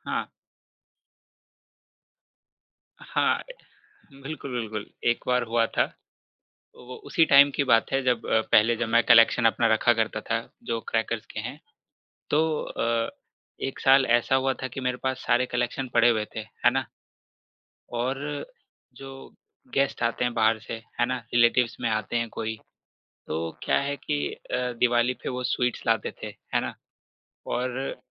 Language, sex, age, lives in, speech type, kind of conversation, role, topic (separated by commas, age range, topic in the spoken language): Hindi, male, 25-29, India, conversation, podcast, guest, Kya tumhari koi tyohaar ki aisi yaad hai jo aaj bhi dil chhoo jaati hai?